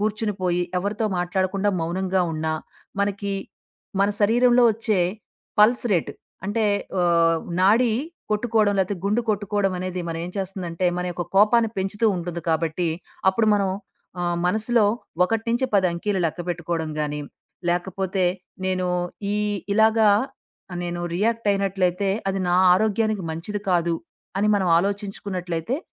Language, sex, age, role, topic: Telugu, female, 40-44, guest, మీ శరీరం పంపే సంకేతాలను మీరు సాధారణంగా ఎలా గుర్తిస్తారు?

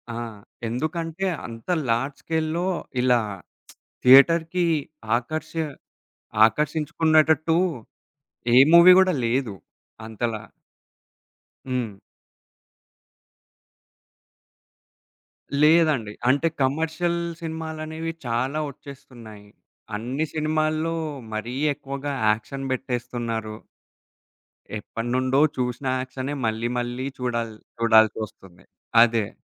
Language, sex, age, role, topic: Telugu, male, 20-24, guest, స్ట్రీమింగ్ వేదికలు మన వినోదాన్ని ఎలా మార్చాయని మీరు భావిస్తున్నారు?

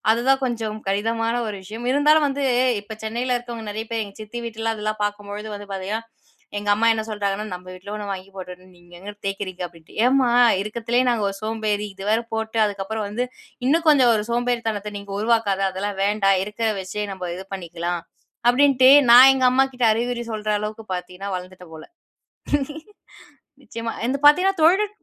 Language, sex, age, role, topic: Tamil, female, 20-24, host, தொழில்நுட்ப வசதிகள் கிராம வளர்ச்சிக்கு எப்படி உதவும்?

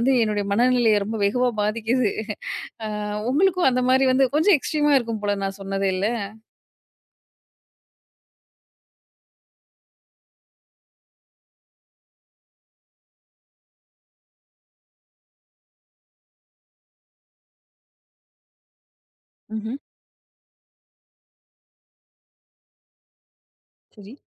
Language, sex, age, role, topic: Tamil, female, 30-34, host, கல்வியைப் பற்றி உங்கள் குடும்பம் உங்களிடம் என்ன எதிர்பார்க்கிறது?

